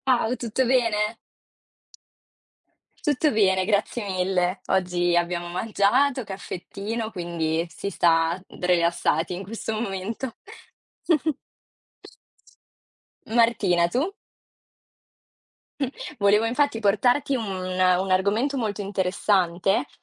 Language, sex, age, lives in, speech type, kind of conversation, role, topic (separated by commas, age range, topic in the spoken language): Italian, female, 20-24, Italy, conversation, unstructured, none, Pensi che la società imponga troppe aspettative?